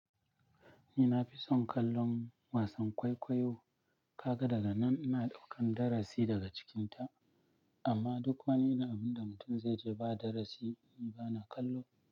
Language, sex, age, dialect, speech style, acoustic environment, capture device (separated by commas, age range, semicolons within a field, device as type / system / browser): Hausa, male, 25-34, Nigeria - Nigerian Standard, relaxed, silence, mobile / Linux / Chrome